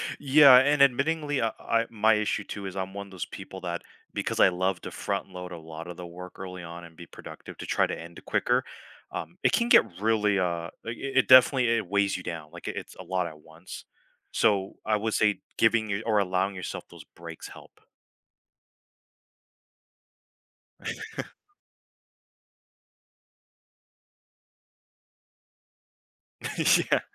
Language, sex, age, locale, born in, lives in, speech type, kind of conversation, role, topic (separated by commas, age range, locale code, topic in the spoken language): English, male, 35-39, en-US, United States, United States, conversation, podcast, guest, What daily routines or strategies help you stay focused and get things done?